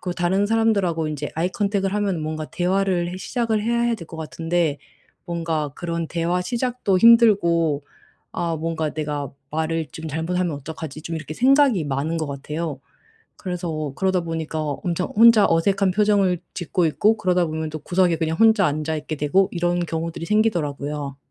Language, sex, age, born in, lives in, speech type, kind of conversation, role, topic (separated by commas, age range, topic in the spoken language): Korean, female, 35-39, South Korea, Germany, conversation, advice, user, 파티에서 자꾸 소외감과 어색함을 느끼는데 어떻게 해야 하나요?